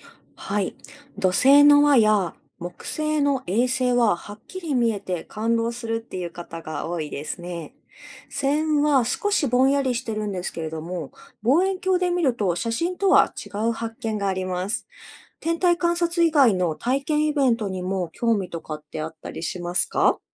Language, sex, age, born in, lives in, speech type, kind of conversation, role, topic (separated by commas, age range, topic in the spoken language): Japanese, female, 30-34, Japan, Japan, read, expert-assistant, expert, プラネタリウムやサイエンスイベントで星の進化や宇宙を学ぶにはどうしたらいいですか？